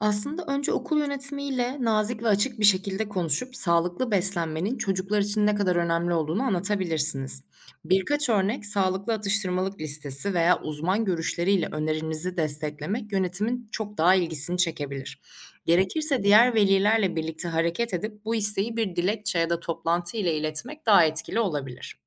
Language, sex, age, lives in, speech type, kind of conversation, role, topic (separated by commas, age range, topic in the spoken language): Turkish, female, 25-29, Germany, read, expert-assistant, expert, Çocuklara sağlıklı beslenme alışkanlıkları nasıl kazandırılır?